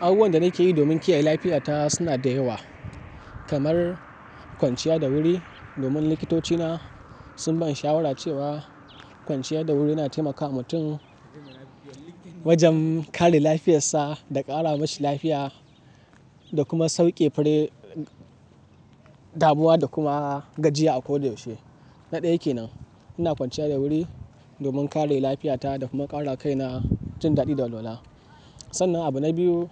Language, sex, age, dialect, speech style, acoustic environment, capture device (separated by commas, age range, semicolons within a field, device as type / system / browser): Hausa, male, 18-24, Nigeria - Kano, excited, nature, mobile / Linux / Chrome